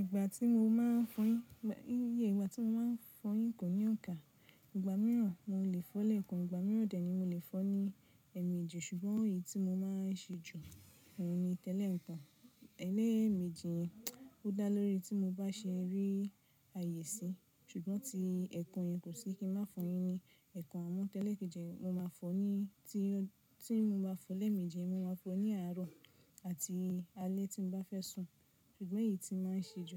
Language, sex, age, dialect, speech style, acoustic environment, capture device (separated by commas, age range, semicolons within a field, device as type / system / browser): Yoruba, female, 25-34, Nigeria - Nigerian Standard, focused, nature, mobile / Linux / Chrome